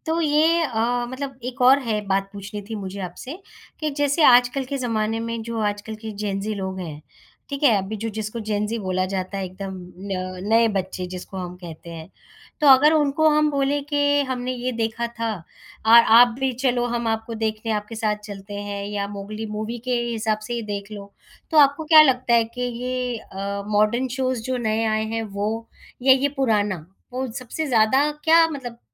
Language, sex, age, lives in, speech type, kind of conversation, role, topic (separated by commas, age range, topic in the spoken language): Hindi, female, 35-39, India, conversation, podcast, host, Kya koi TV show ya cartoon tha jo tum hamesha dekhte the?